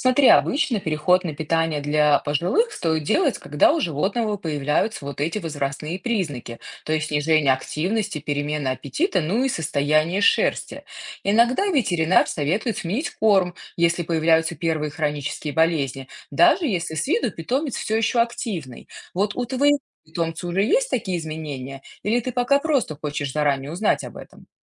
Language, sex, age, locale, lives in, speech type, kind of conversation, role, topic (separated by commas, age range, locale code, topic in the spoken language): Russian, female, 35-39, ru-RU, United States, read, expert-assistant, expert, Почему у разных животных разная продолжительность жизни?